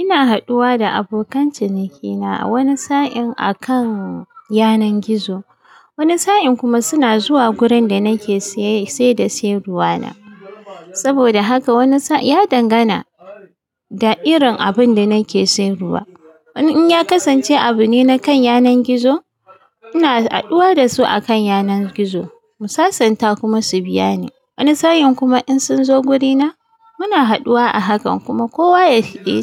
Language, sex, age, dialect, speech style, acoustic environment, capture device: Hausa, female, 35-44, Nigeria - Kano, excited; focused; happy, nature, mobile / Linux / Chrome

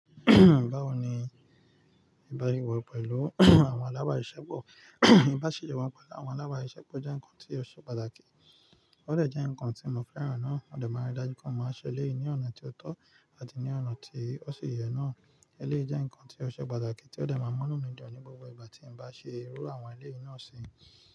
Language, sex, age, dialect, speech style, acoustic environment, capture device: Yoruba, male, 25-34, Nigeria - Nigerian Standard, excited, nature, mobile / Linux / Chrome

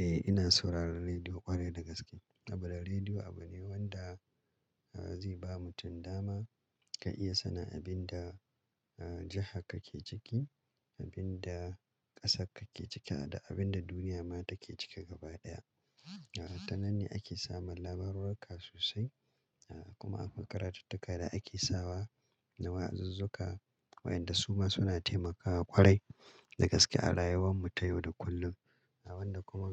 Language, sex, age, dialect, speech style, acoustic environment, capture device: Hausa, male, 35-44, Nigeria - Kano, happy; relaxed, silence, mobile / Linux / Chrome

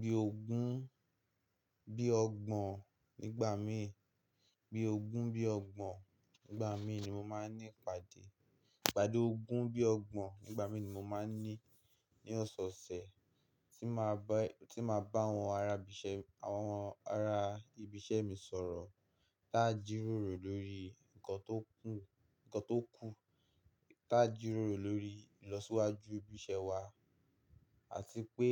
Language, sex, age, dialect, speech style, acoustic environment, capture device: Yoruba, male, 18-24, Nigeria - Nigerian Standard, happy; excited, silence, mobile / Linux / Chrome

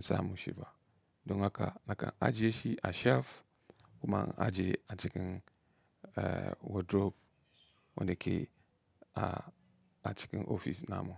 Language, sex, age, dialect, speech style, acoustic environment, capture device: Hausa, male, 35-44, Nigeria - Katsina, relaxed, nature, mobile / Linux / Chrome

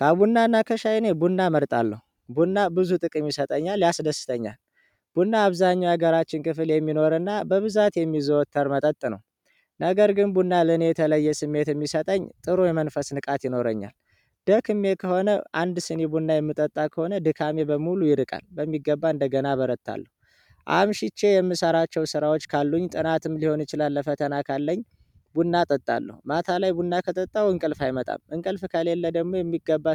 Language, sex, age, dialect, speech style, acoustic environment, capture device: Amharic, male, 25-34, Ethiopia - Addis Ababa, happy; relaxed; focused, people talking; music; nature; traffic, mobile / Android / Chrome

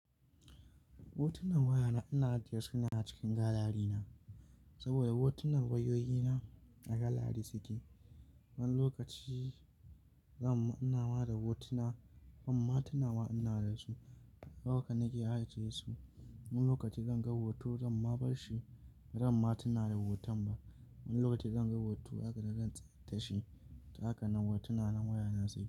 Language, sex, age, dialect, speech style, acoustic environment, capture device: Hausa, male, 25-34, Nigeria - Nigerian Standard, happy; anxious, silence, mobile / Linux / Chrome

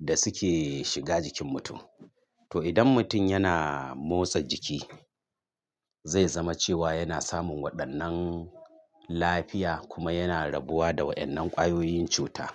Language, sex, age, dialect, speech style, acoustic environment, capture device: Hausa, male, 45-54, Nigeria - Kano, nervous; excited; stressed; focused; relaxed; tired; anxious; happy, traffic; construction; appliances; silence; nature; music; people talking, mobile / Linux / Chrome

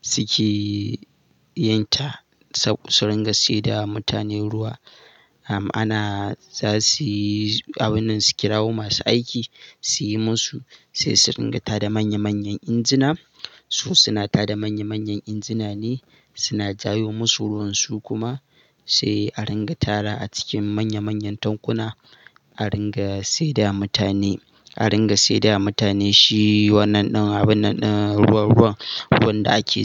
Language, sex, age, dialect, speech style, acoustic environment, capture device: Hausa, male, 18-24, Nigeria - Katsina, happy, silence, mobile / Linux / Chrome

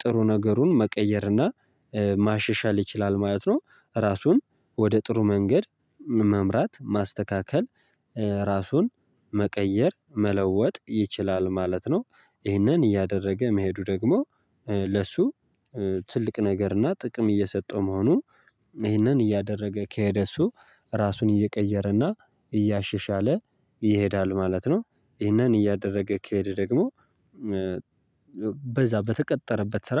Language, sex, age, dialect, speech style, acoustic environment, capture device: Amharic, male, 18-24, Ethiopia - Gojjam, nervous; happy, nature, mobile / Linux / Chrome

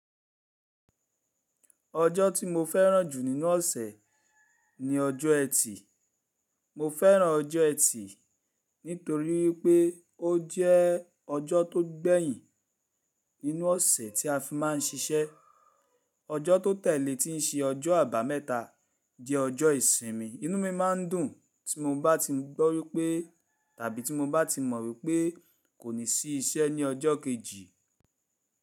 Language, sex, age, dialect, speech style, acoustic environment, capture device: Yoruba, male, 35-44, Nigeria - Lagos Yoruba, happy; relaxed; focused, silence, mobile / Linux / Chrome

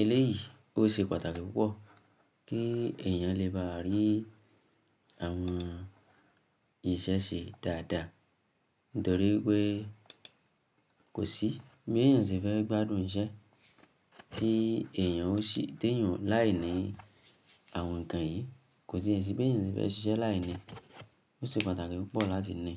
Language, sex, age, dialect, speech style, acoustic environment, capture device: Yoruba, female, 25-34, Nigeria - Ibadan, happy; focused, silence, mobile / Linux / Chrome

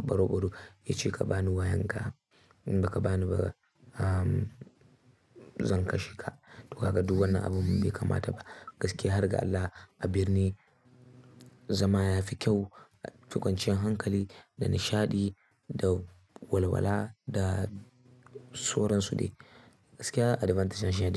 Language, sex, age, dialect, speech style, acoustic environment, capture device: Hausa, male, 18-24, Nigeria - Kano, happy; focused; excited, silence, mobile / Linux / Chrome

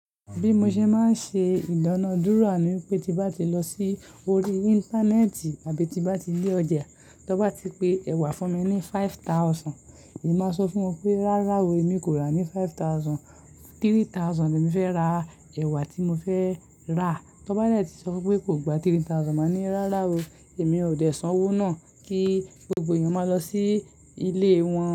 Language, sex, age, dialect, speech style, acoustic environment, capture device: Yoruba, male, 18-24, Nigeria - Lagos Yoruba, happy; anxious; excited; focused, nature; silence, mobile / Linux / Chrome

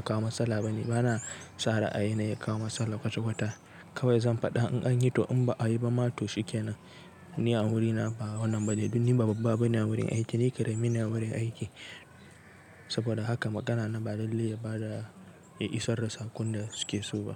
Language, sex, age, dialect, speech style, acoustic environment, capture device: Hausa, male, 18-24, Nigeria - Nigerian Standard, relaxed, appliances; people talking, desktop / Linux / Chrome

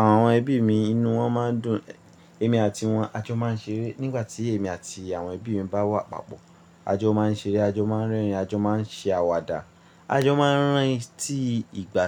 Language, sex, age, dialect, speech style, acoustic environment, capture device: Yoruba, male, 25-34, Nigeria - Ibadan, stressed; relaxed, people talking, mobile / Linux / Chrome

